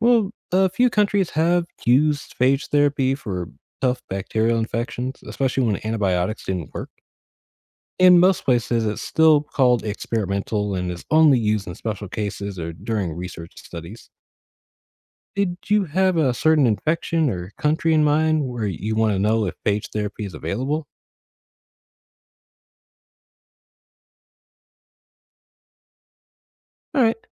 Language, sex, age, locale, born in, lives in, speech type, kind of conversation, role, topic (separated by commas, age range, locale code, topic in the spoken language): English, male, 25-29, en-US, United States, United States, read, expert-assistant, expert, What is the difference between viruses and bacteria?